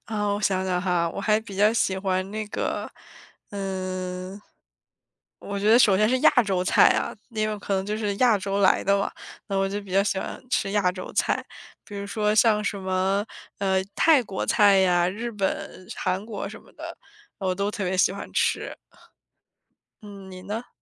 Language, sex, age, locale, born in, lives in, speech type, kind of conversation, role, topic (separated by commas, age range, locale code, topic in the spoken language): Chinese, female, 25-29, zh-CN, China, United States, conversation, unstructured, none, 你喜欢尝试不同国家的食物吗？